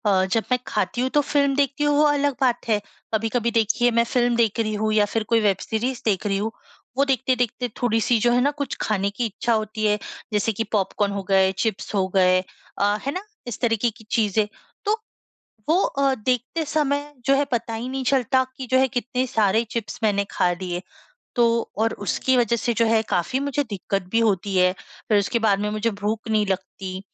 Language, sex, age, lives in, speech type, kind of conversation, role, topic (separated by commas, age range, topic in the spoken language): Hindi, female, 25-29, India, conversation, advice, user, मैं भोजन और मीडिया का सजग उपभोग कैसे कर सकता/सकती हूँ?